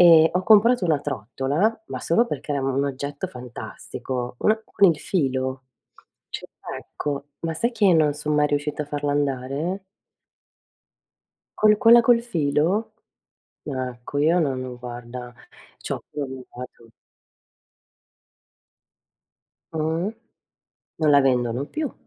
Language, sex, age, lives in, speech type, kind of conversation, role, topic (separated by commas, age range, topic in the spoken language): Italian, female, 50-54, Italy, conversation, unstructured, none, Come scegli i tuoi hobby per arricchire la tua vita?